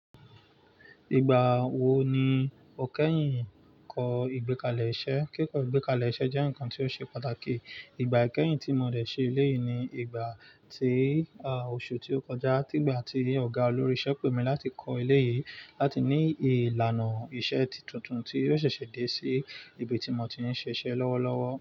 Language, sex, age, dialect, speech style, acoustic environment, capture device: Yoruba, male, 25-34, Nigeria - Nigerian Standard, excited, nature, mobile / Linux / Chrome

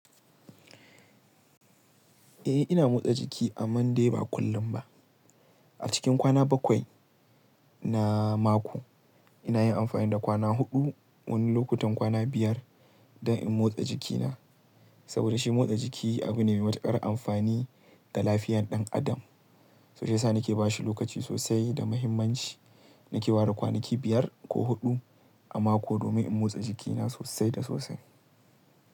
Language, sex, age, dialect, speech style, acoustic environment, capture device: Hausa, male, 18-24, Nigeria - Kano, relaxed, nature; appliances, mobile / Linux / Chrome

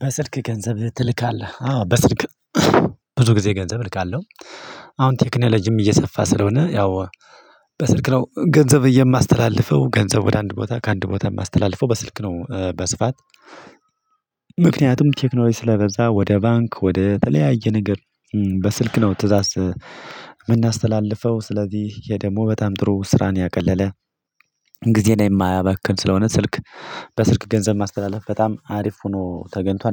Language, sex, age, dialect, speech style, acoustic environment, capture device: Amharic, male, 25-34, Ethiopia - Addis Ababa, excited; happy; focused, silence; nature; people talking, mobile / Linux / Chrome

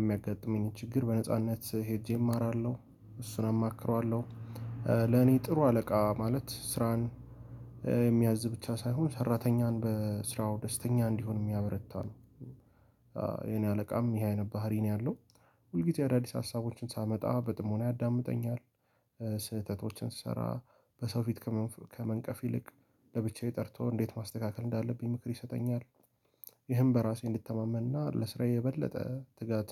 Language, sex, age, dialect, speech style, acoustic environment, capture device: Amharic, male, 18-24, Ethiopia - Addis Ababa, happy; anxious, people talking; music; nature, mobile / Linux / Chrome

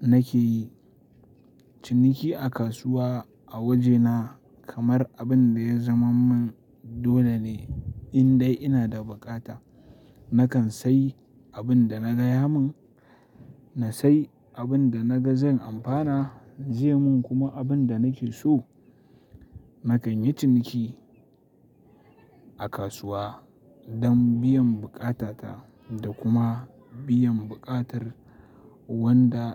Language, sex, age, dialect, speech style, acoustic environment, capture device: Hausa, male, 18-24, Nigeria - Kano, happy, silence, mobile / Linux / Chrome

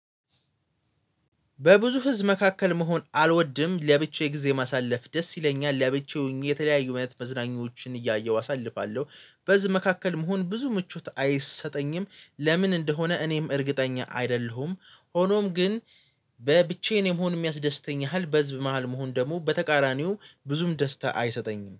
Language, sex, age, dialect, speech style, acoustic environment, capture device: Amharic, male, 18-24, Ethiopia - Addis Ababa, focused, nature, mobile / Linux / Chrome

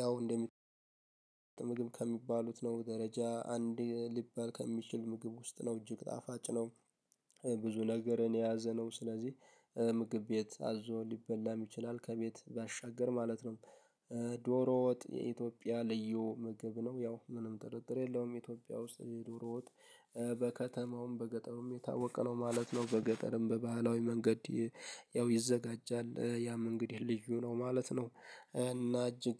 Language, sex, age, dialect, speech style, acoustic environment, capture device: Amharic, male, 18-24, Ethiopia - Addis Ababa, happy; focused, nature; silence, mobile / Linux / Chrome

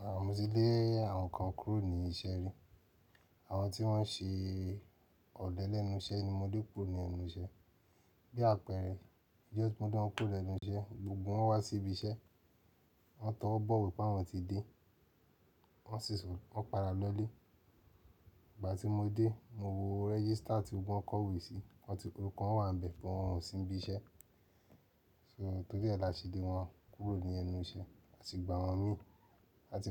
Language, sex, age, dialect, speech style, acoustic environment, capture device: Yoruba, male, 35-44, Nigeria - Nigerian Standard, focused, silence, mobile / Linux / Chrome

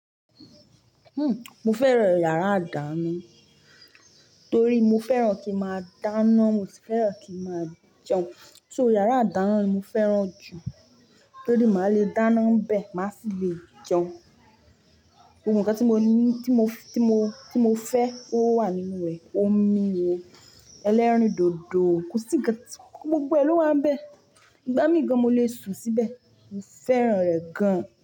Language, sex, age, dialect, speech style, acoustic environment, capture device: Yoruba, female, 25-34, Nigeria - Ibadan, happy, silence, mobile / Linux / Chrome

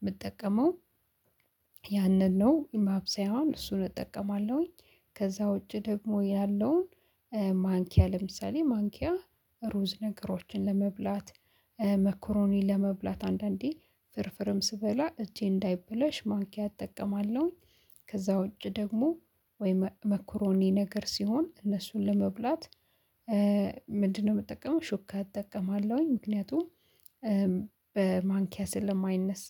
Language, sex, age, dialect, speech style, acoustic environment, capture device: Amharic, female, 25-34, Ethiopia - Addis Ababa, excited, silence, mobile / Linux / Chrome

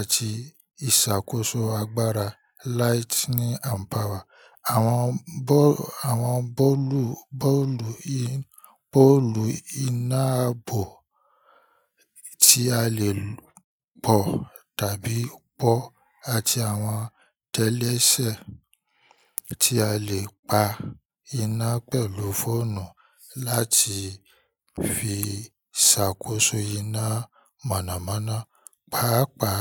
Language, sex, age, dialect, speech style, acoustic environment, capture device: Yoruba, male, 25-34, Nigeria - Ibadan, relaxed, nature, mobile / Linux / Chrome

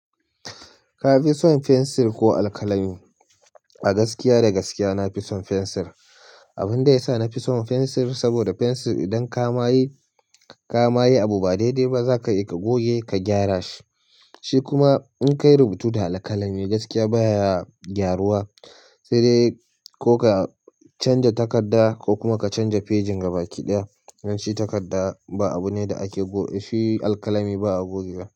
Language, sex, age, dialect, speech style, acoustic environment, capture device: Hausa, male, 25-34, Nigeria - Nigerian Standard, relaxed, silence, mobile / Linux / Chrome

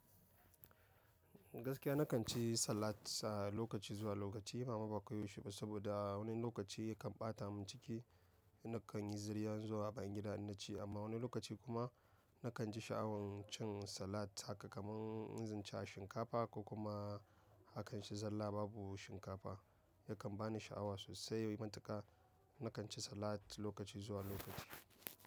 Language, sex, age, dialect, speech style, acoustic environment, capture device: Hausa, male, 25-34, Nigeria - Nigerian Standard, happy; excited, people talking; nature; silence, mobile / macOS / Safari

